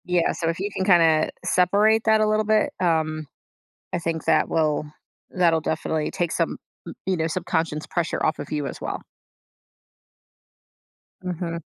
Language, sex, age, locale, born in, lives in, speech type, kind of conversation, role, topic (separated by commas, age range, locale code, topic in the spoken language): English, female, 35-39, en-US, United States, United States, conversation, advice, advisor, How can I balance work and personal life?